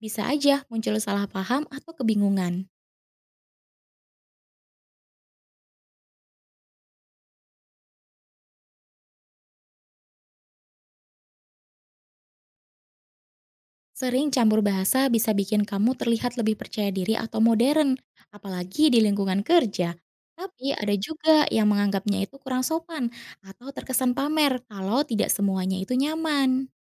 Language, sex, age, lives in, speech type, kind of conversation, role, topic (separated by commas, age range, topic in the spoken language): Indonesian, female, 30-34, Indonesia, read, expert-assistant, expert, Kenapa orang suka mencampur bahasa Indonesia dan bahasa lain saat ngobrol?